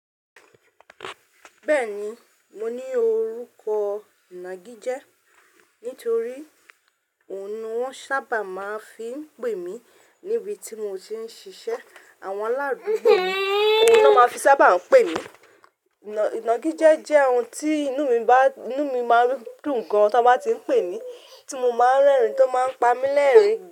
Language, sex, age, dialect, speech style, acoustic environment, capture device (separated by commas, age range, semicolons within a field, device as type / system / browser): Yoruba, female, 35-44, Nigeria - Ibadan, focused; relaxed, silence, mobile / Linux / Chrome